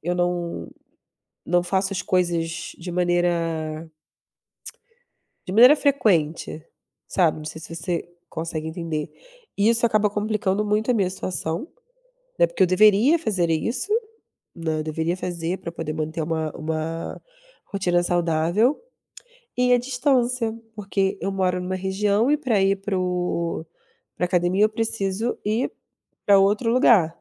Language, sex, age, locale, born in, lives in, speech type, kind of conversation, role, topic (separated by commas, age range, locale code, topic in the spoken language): Portuguese, female, 30-34, pt-BR, Brazil, Germany, conversation, unstructured, none, Como você incorpora exercícios físicos na sua rotina?